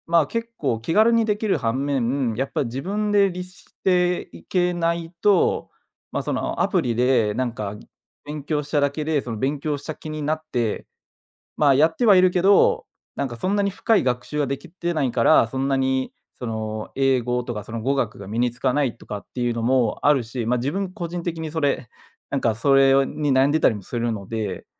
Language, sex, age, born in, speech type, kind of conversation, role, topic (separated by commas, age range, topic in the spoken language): Japanese, male, 25-29, Japan, conversation, podcast, guest, デジタル学習の良い点と困る点は何ですか？